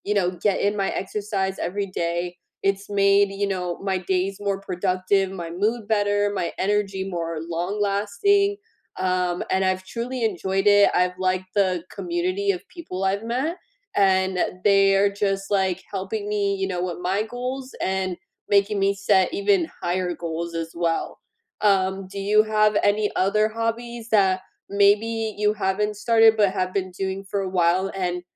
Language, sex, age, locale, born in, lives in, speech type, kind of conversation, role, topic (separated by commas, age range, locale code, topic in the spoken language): English, female, 25-29, en-US, United States, United States, conversation, unstructured, none, What new hobby have you started recently, what inspired you to try it, and how has it changed your daily routine?